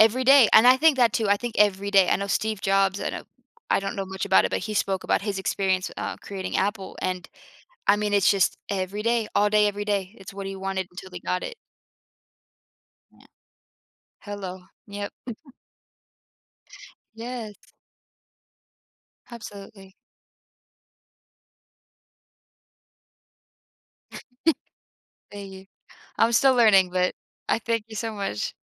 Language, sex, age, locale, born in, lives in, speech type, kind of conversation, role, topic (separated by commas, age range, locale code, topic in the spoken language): English, female, 25-29, en-US, United States, United States, conversation, podcast, guest, How can achieving financial independence change your life and well-being?